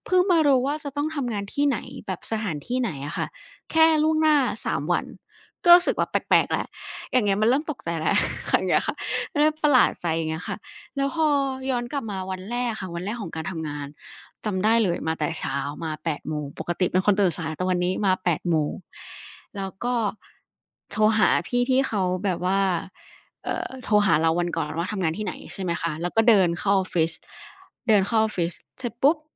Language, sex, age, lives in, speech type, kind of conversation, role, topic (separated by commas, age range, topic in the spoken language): Thai, female, 20-24, Thailand, conversation, podcast, guest, วันแรกที่เริ่มทำงานเป็นอย่างไรบ้าง?